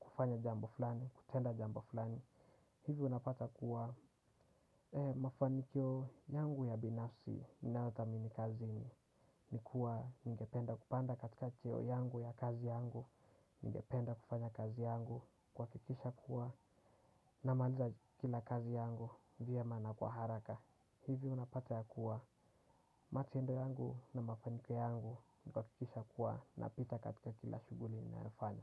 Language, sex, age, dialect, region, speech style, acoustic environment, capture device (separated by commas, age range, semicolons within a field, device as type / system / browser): Swahili, male, 18-24, Kenya - Nairobi Sheng-influenced, Kenya, relaxed; focused, silence, desktop / Windows / Chrome